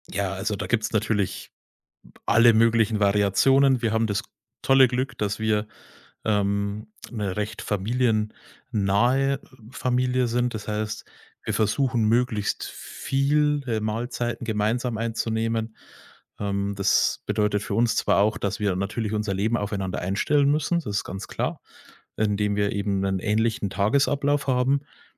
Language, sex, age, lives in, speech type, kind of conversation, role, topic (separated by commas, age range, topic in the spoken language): German, male, 30-34, Germany, conversation, podcast, guest, Wie läuft bei euch das gemeinsame Kochen ab?